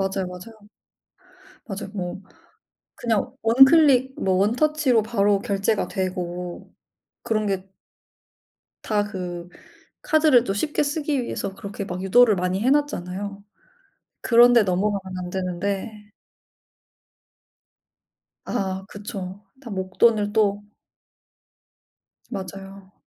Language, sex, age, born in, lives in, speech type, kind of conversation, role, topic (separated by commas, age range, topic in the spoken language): Korean, female, 25-29, South Korea, Germany, conversation, unstructured, none, 카드 빚을 갚는 일이 왜 이렇게 어려울까요?